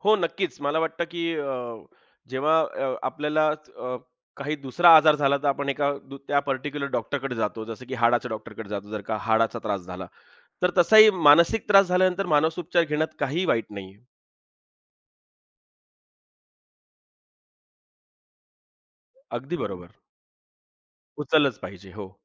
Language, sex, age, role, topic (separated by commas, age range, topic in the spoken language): Marathi, male, 35-39, guest, कठीण प्रसंगी तुम्ही कोणाला आधार मानता, आणि का?